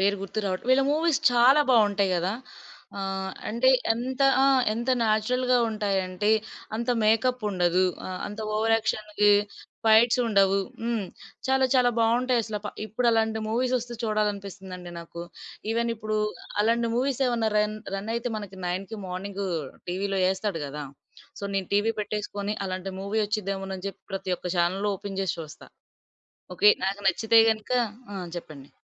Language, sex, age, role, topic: Telugu, female, 20-24, guest, పాత సినిమాలు మీకు ప్రత్యేకంగా అనిపించడానికి కారణం ఏమిటి?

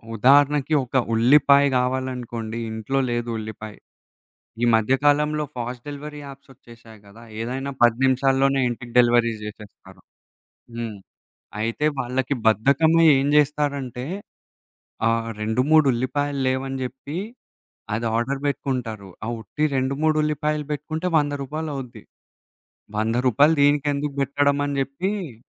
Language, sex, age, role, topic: Telugu, male, 20-24, guest, డిజిటల్ చెల్లింపులు మన ఖర్చు అలవాట్లను ఎలా మార్చాయని మీరు అనుకుంటున్నారు?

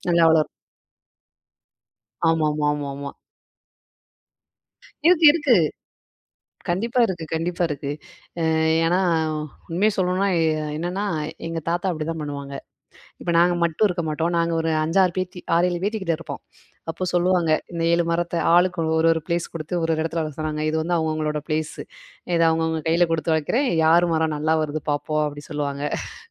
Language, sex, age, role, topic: Tamil, female, 25-29, guest, வனங்களும் மரங்களும் நமக்கு எவ்வளவு முக்கியம் என்பதை விளக்க முடியுமா?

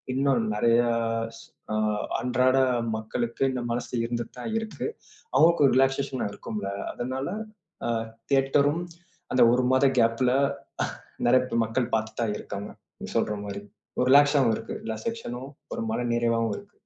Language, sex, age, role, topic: Tamil, male, 25-29, guest, நீங்கள் வீட்டில் ஒளிபரப்பாக பார்க்கிறீர்களா அல்லது திரையரங்கில் பார்க்கிறீர்களா—எதை ஏன் அதிகம் விரும்புகிறீர்கள்?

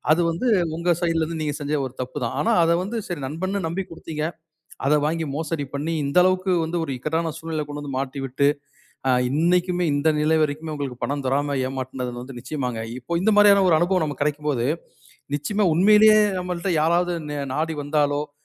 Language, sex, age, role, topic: Tamil, male, 40-44, host, நண்பனுக்கு கடன் கொடுத்து உதவுவது சரியா என்று நினைக்கிறீர்களா?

